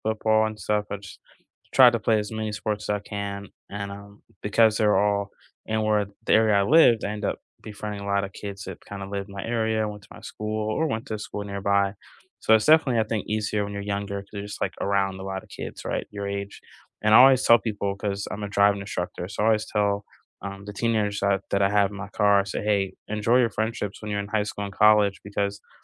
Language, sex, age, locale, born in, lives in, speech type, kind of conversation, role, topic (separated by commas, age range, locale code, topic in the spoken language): English, male, 40-44, en-US, United States, United States, conversation, unstructured, none, What is your go-to way to meet new people locally?